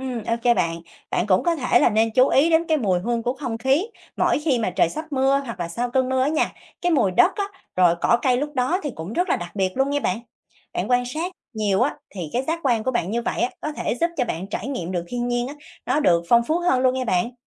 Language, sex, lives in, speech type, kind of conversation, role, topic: Vietnamese, female, Vietnam, read, expert-assistant, expert, Làm sao để quan sát thiên nhiên hàng ngày mà vẫn học được nhiều điều thú vị về hệ sinh thái?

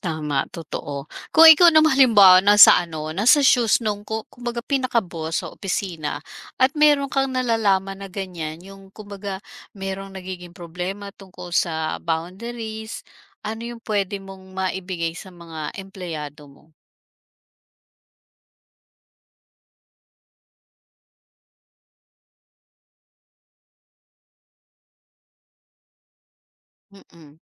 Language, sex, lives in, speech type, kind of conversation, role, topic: Filipino, female, Philippines, conversation, podcast, host, Paano ka nagtatakda ng mga hangganan para hindi maabala ang trabaho?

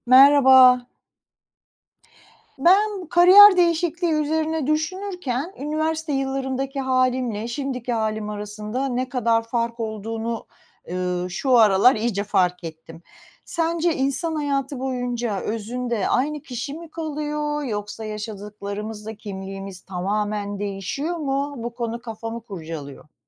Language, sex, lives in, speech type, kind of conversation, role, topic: Turkish, female, United States, read, expert-assistant, asker, İnsan Kişiliği Zamanla Değişir mi Yoksa Aynı mı Kalır?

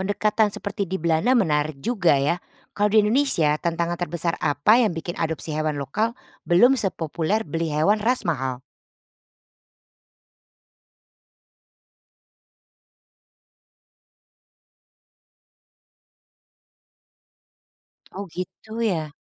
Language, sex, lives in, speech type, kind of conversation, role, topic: Indonesian, female, Netherlands, read, expert-assistant, asker, Mengapa preferensi terhadap ras anjing dan kucing berbeda-beda antar budaya dan negara?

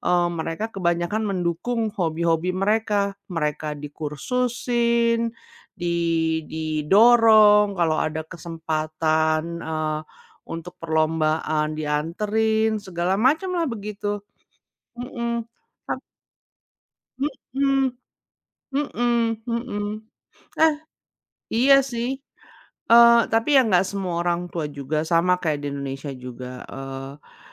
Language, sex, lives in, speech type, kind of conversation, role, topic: Indonesian, female, United States, conversation, unstructured, none, Mengapa orang sering meremehkan hobi yang tidak mereka sukai?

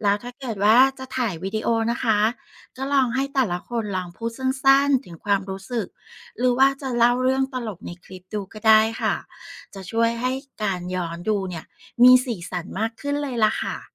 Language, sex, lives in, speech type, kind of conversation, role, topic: Thai, female, Thailand, read, expert-assistant, expert, ถ้าอยากแบ่งเวลาให้ลงตัวระหว่างงานกับชีวิตส่วนตัว มีแนวทางสร้างสรรค์อะไรที่น่าลองบ้าง?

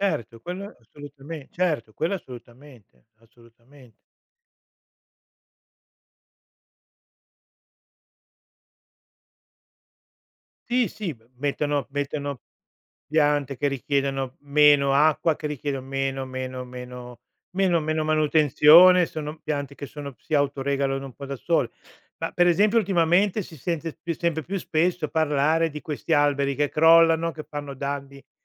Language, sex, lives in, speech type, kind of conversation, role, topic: Italian, male, Italy, conversation, podcast, host, Come immagini un quartiere più verde e sostenibile?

